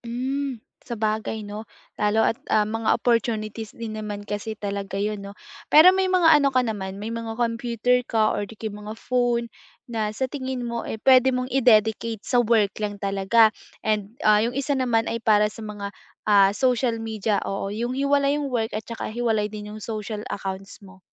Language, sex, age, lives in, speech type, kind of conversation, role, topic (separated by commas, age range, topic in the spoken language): Filipino, female, 20-24, Philippines, conversation, advice, advisor, Paano ko makokontrol ang mga abala mula sa mga abiso araw-araw?